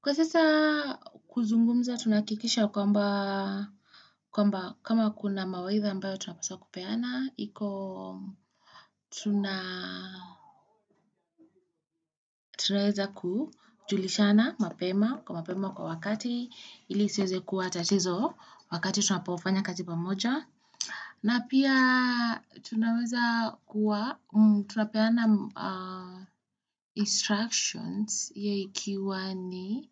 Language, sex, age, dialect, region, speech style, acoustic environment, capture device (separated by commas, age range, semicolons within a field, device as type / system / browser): Swahili, female, 25-34, Kenya - Nairobi Sheng-influenced, Kenya, anxious, people talking; nature, desktop / Windows / Chrome